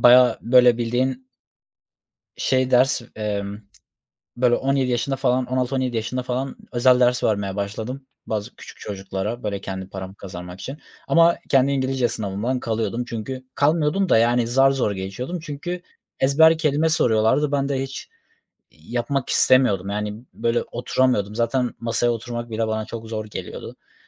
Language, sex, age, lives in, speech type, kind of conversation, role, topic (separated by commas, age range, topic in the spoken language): Turkish, male, 25-29, Germany, conversation, unstructured, none, Sınavların öğrencilerin geleceğini belirlemesi sence doğru mu?